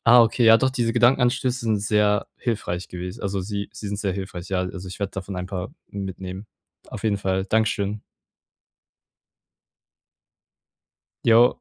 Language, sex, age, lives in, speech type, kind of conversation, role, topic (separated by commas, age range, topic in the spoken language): German, male, 18-19, Germany, conversation, advice, user, Wie gehe ich mit Rückschlägen und Frustration um, wenn meine Ziele stagnieren?